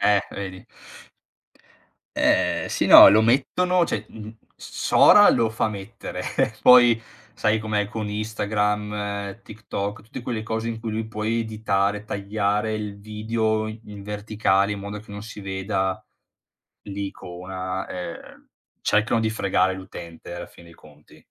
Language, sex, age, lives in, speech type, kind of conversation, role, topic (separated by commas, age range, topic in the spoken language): Italian, male, 30-34, Italy, conversation, podcast, guest, Come capisci se un contenuto è autentico o no?